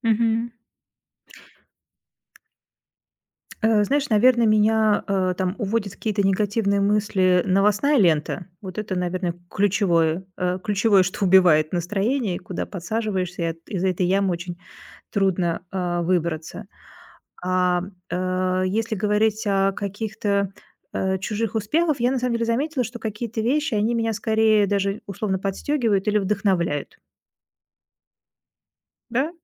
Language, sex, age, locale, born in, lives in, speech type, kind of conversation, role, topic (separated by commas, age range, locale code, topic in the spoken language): Russian, female, 45-49, ru-RU, Russia, Germany, conversation, podcast, guest, Как ты контролируешь своё экранное время?